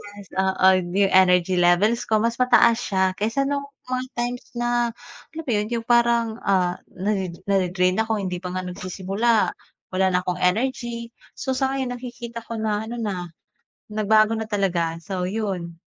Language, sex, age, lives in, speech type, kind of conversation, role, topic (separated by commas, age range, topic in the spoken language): Filipino, female, 25-29, Philippines, conversation, podcast, guest, Paano nakatulong ang libangan mo sa kalusugan ng isip mo?